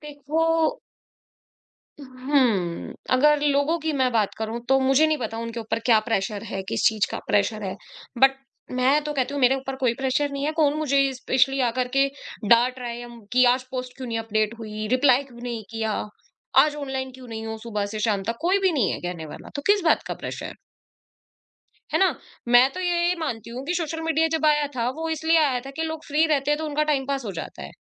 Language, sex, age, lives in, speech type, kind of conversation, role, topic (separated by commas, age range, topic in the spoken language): Hindi, female, 25-29, India, conversation, podcast, guest, Work aur personal digital life ke beech boundaries kaise set karte ho?